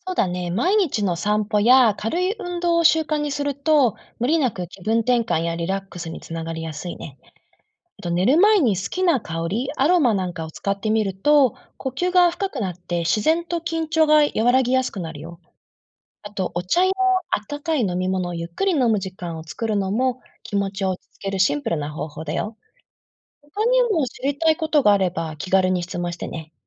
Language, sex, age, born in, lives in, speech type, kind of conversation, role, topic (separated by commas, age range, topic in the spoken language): Japanese, female, 35-39, Japan, Japan, read, expert-assistant, expert, どうして人は驚くと心臓がドキドキするのか？